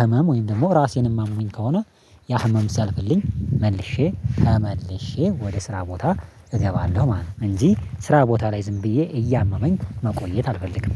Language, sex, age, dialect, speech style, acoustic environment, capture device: Amharic, male, 25-34, Ethiopia - Addis Ababa, happy, nature, mobile / Linux / Chrome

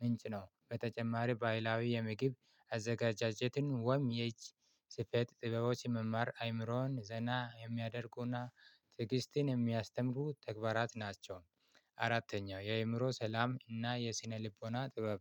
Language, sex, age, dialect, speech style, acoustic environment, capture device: Amharic, male, 25-34, Ethiopia - Addis Ababa, excited, silence, mobile / Linux / Chrome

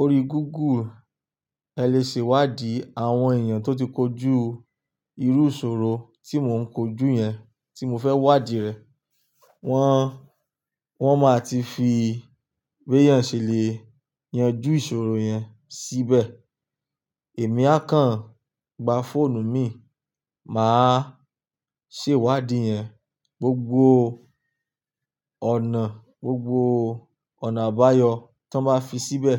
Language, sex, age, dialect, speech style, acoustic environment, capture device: Yoruba, male, 25-34, Nigeria - Lagos Yoruba, focused; stressed; relaxed, silence; nature, desktop / Linux / Chrome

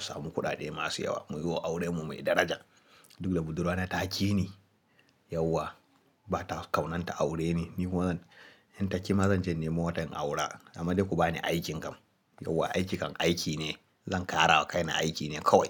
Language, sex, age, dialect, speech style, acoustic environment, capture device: Hausa, male, 18-24, Nigeria - Kano, happy, silence, mobile / Linux / Chrome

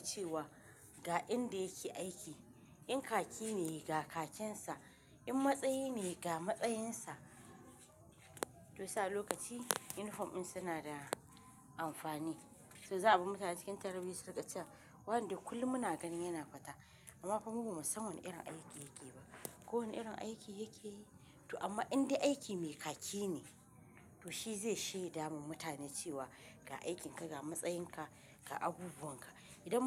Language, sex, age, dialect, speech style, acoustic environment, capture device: Hausa, female, 25-34, Nigeria - Kano, relaxed, nature, mobile / Linux / Chrome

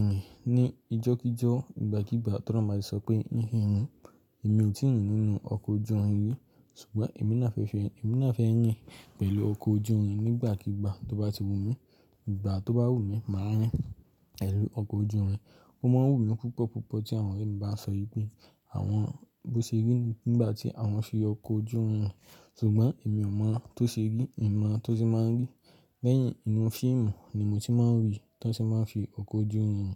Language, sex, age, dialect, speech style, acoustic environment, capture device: Yoruba, male, 18-24, Nigeria - Lagos Yoruba, focused, silence, mobile / Linux / Chrome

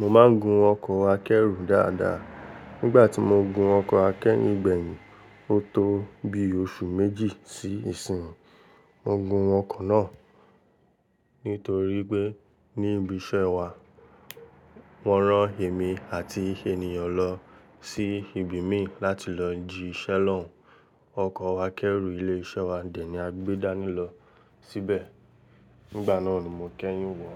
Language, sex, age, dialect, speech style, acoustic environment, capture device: Yoruba, male, 18-24, Nigeria - Lagos Yoruba, relaxed, people talking; traffic, mobile / Linux / Chrome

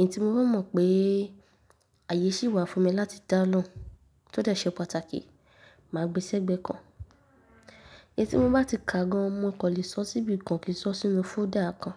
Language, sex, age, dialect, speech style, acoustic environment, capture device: Yoruba, female, 18-24, Nigeria - Nigerian Standard, happy; nervous; excited; tired, people talking; nature, mobile / Linux / Chrome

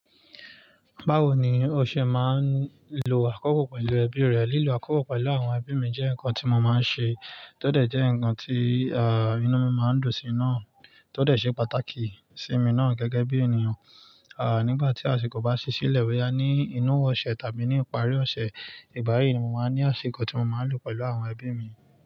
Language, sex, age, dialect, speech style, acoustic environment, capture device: Yoruba, male, 25-34, Nigeria - Nigerian Standard, relaxed, nature, mobile / Linux / Chrome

